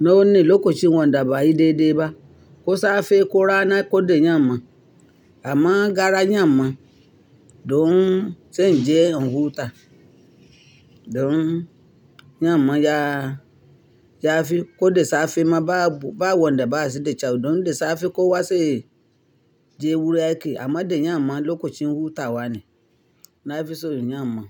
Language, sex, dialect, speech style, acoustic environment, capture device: Hausa, female, Nigeria - Ibadan, happy, silence, mobile / Linux / Chrome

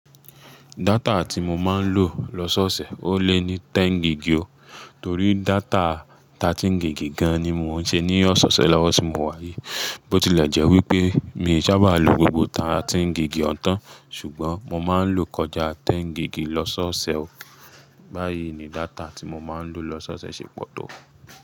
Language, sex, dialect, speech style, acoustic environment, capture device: Yoruba, male, Nigeria - Nigerian Standard, stressed, appliances, mobile / Linux / Chrome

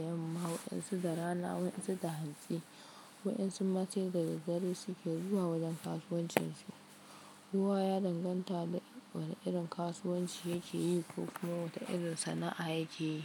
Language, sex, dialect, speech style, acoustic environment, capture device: Hausa, female, Nigeria - Kano, focused, silence, mobile / Linux / Chrome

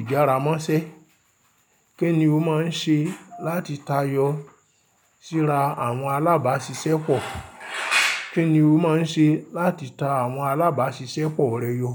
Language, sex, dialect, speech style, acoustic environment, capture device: Yoruba, male, Nigeria - Ibadan, happy, silence, mobile / Linux / Chrome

